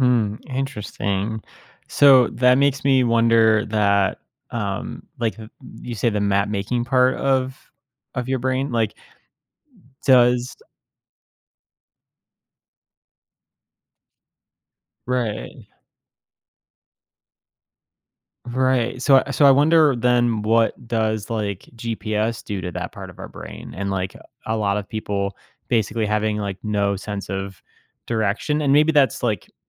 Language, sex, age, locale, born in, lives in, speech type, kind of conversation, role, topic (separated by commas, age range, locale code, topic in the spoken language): English, male, 30-34, en-US, United States, United States, conversation, podcast, host, What are some effective ways to break the cycle of negative self-talk?